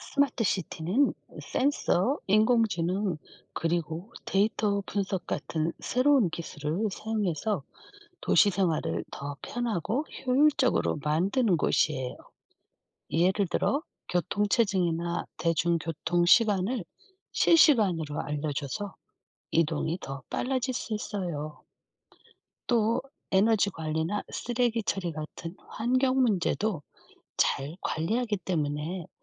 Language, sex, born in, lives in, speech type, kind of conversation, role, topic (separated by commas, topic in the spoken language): Korean, female, South Korea, South Korea, read, expert-assistant, expert, 스마트 시티가 도시 생활을 어떻게 변화시키는지 이야기해 주세요.